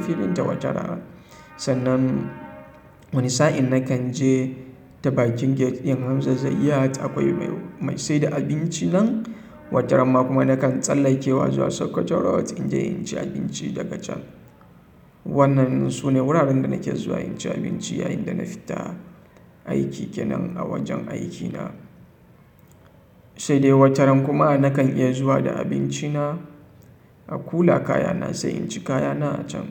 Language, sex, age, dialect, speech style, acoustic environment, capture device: Hausa, male, 25-34, Nigeria - Kano, relaxed; happy; focused, silence, mobile / Linux / Chrome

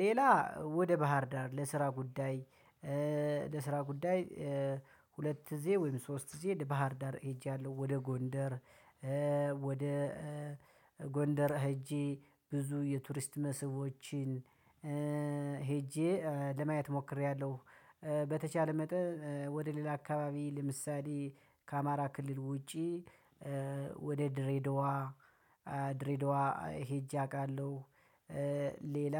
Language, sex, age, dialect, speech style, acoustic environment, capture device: Amharic, male, 25-34, Ethiopia - Gojjam, happy; excited, nature, mobile / Linux / Chrome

